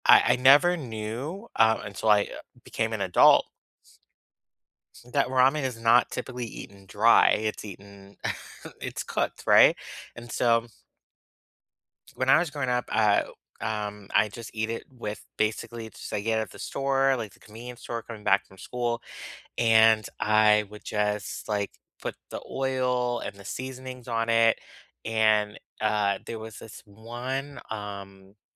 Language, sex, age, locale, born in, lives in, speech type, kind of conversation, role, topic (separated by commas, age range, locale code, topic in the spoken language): English, male, 35-39, en-US, United States, United States, conversation, unstructured, none, What is your go-to comfort food, and what memories does it bring up for you?